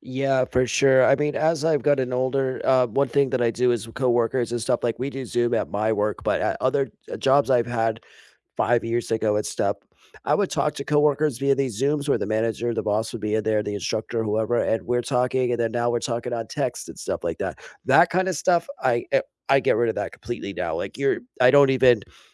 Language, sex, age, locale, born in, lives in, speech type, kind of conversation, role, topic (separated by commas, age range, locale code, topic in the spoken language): English, male, 40-44, en-US, United States, United States, conversation, unstructured, none, How has technology shaped your learning journey?